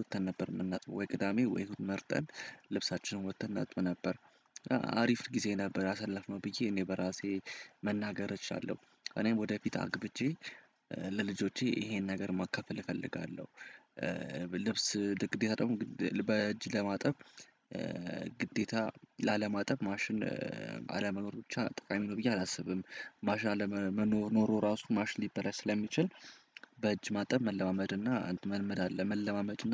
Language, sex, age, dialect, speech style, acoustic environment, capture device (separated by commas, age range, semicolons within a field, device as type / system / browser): Amharic, male, 18-24, Ethiopia - Addis Ababa, happy, silence, desktop / Windows / Chrome